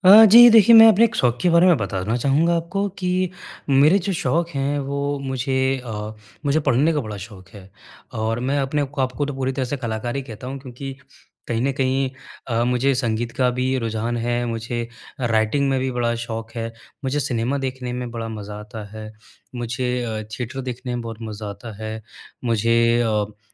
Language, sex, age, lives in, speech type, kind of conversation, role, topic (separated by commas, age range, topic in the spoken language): Hindi, male, 25-29, India, conversation, advice, user, कम समय में अपने शौक को आसानी से कैसे निभाया जा सकता है?